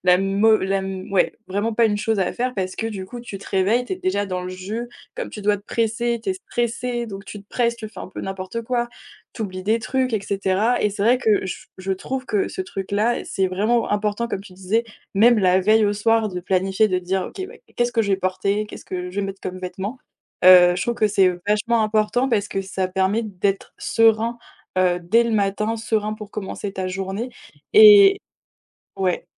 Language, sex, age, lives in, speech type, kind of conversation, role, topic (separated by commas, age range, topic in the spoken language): French, female, 20-24, France, conversation, unstructured, none, Quel est ton rituel du matin préféré pour bien commencer la journée ?